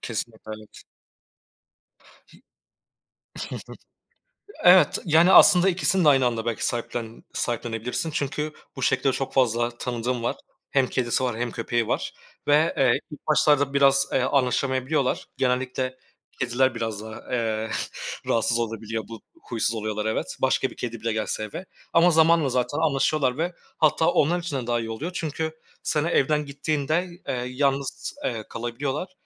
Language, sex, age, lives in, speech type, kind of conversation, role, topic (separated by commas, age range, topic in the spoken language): Turkish, male, 25-29, Poland, conversation, unstructured, none, Kediyi mi yoksa köpeği mi daha çok seversiniz?